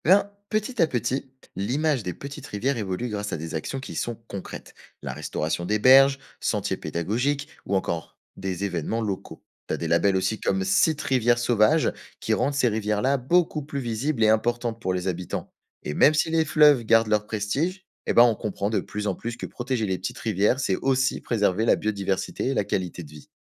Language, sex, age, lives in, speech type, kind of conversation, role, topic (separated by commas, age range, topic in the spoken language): French, male, 20-24, France, read, expert-assistant, expert, Quelle est la différence entre un fleuve et une rivière, et pourquoi est-ce important ?